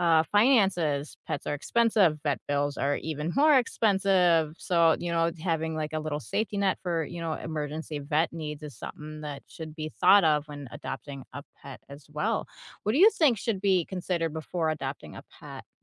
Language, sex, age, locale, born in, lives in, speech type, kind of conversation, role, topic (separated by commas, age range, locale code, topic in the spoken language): English, female, 35-39, en-US, United States, United States, conversation, unstructured, none, What should you consider before adopting a pet?